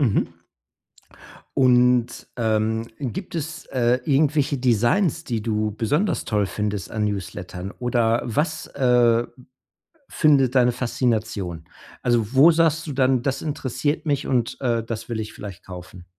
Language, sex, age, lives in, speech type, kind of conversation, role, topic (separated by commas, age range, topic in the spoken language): German, male, 45-49, United States, conversation, podcast, host, Wie gehst du mit der Newsletterflut in deinem Postfach um?